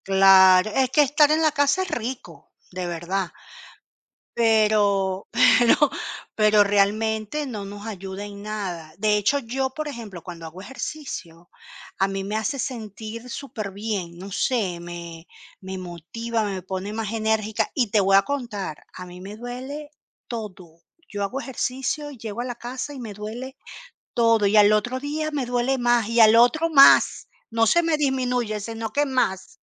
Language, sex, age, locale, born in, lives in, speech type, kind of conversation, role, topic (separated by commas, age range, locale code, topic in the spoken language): Spanish, female, 45-49, es-CL, Venezuela, Portugal, conversation, unstructured, none, ¿Cómo te sientes cuando alguien cercano tiene problemas de salud por falta de ejercicio?